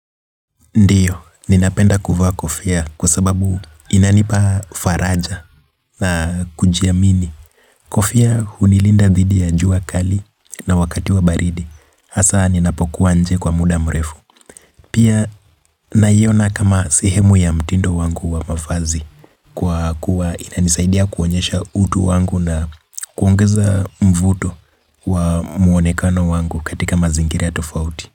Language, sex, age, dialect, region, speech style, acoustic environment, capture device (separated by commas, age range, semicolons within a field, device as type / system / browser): Swahili, male, 25-34, Kenya - Nairobi Sheng-influenced, Kenya, relaxed, nature; appliances, mobile / Linux / Chrome